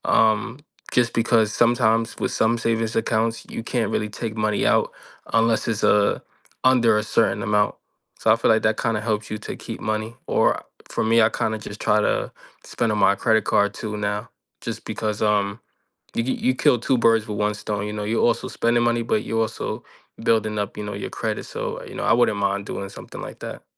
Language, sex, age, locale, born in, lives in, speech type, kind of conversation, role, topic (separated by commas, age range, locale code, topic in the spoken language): English, male, 25-29, en-US, United States, United States, conversation, unstructured, none, What has been your hardest financial struggle so far?